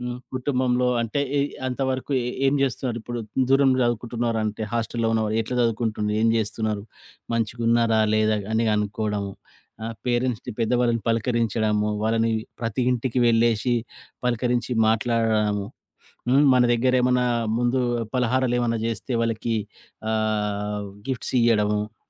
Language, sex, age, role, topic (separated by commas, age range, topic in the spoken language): Telugu, male, 40-44, guest, బహుళసంస్కృతిలో పండగలు మరియు ఆరాధనా పద్ధతులు ఎలా ప్రాధాన్యం పొందుతాయి?